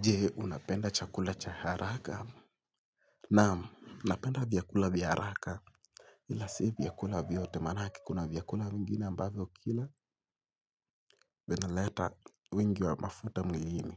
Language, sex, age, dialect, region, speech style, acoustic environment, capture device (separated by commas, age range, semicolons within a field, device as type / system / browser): Swahili, male, 18-24, Kenya - Mombasa, Kenya, relaxed, silence, mobile / Linux / Chrome